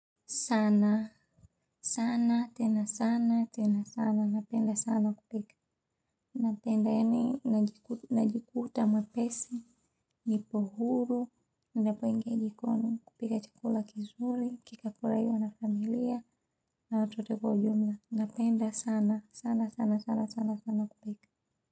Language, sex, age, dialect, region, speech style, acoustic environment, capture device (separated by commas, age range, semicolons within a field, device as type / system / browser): Swahili, female, 25-34, Tanzania - Dar es Salaam, Tanzania, relaxed, silence, mobile / Linux / Chrome